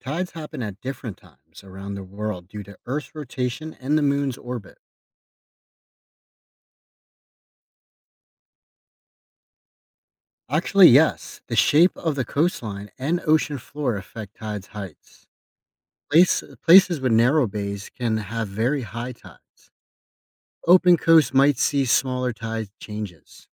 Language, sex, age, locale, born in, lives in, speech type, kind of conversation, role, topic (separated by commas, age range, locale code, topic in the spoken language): English, male, 30-34, en-US, United States, United States, read, expert-assistant, expert, What causes tides in the oceans?